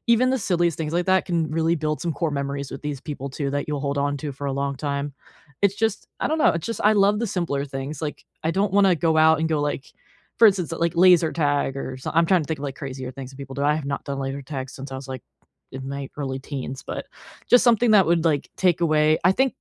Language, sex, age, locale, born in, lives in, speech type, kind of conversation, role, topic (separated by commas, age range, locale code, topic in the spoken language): English, female, 25-29, en-US, United States, United States, conversation, unstructured, none, When life gets hectic, what small habits help you keep friendships warm and supportive?